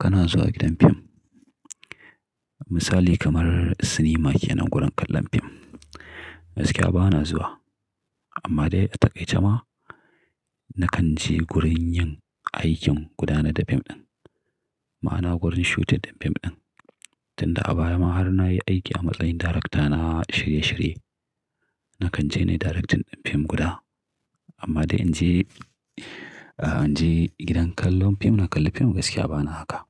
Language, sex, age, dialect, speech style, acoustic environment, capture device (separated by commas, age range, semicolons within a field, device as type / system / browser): Hausa, male, 35-44, Nigeria - Kano, focused, nature, mobile / Linux / Chrome